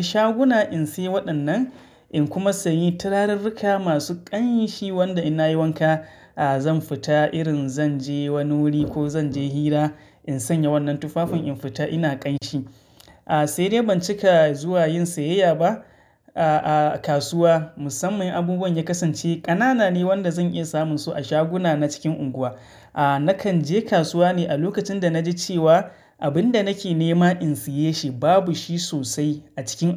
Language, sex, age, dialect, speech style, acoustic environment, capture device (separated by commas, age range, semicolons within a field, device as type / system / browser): Hausa, male, 25-34, Nigeria - Katsina, excited, silence, mobile / Linux / Chrome